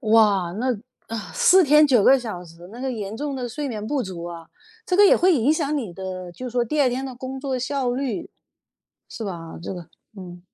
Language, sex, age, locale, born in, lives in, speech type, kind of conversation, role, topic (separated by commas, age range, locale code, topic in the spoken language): Chinese, female, 50-54, zh-CN, China, United States, conversation, podcast, host, 你怎么看待加班文化啊？